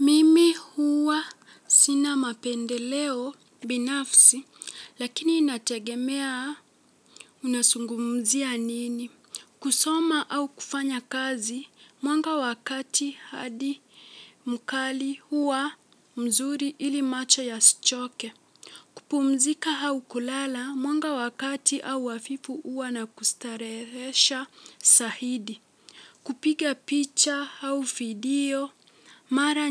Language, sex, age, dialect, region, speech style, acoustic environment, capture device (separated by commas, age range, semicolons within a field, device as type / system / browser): Swahili, female, 18-24, Kenya - Mombasa, Kenya, relaxed, silence; nature, mobile / Linux / Chrome